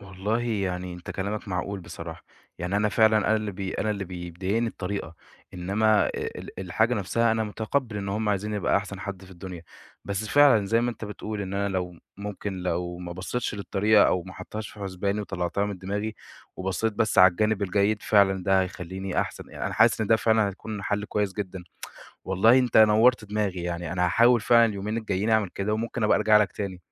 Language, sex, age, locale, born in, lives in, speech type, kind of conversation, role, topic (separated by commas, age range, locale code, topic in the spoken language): Arabic, male, 20-24, ar-EG, Egypt, Egypt, conversation, advice, user, إزاي بتتعامل مع توقعات أهلك عن شغلك علشان تنجح في وظيفة معينة؟